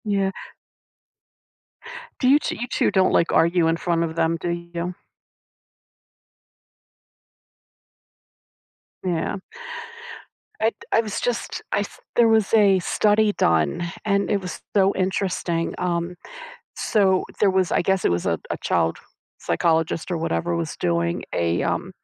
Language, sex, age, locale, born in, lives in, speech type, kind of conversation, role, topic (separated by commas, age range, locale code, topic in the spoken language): English, female, 60-64, en-US, United States, United States, conversation, advice, advisor, How can I set boundaries with my partner?